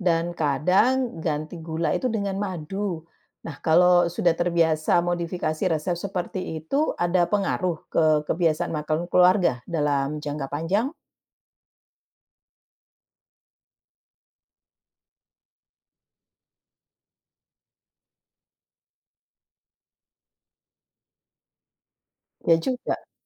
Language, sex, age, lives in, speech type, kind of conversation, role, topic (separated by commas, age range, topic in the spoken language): Indonesian, female, 45-49, Netherlands, read, expert-assistant, asker, Kalau butuh saran gizi yang relevan dengan budaya makan di Indonesia, biasanya ke mana orang-orang mencari informasinya?